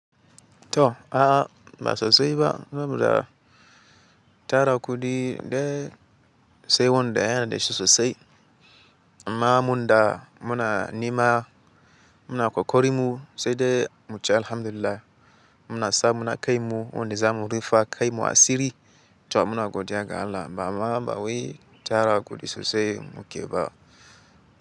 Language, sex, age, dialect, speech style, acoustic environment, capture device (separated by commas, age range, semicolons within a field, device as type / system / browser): Hausa, male, 18-24, Nigeria - Katsina, excited, silence, mobile / Linux / Chrome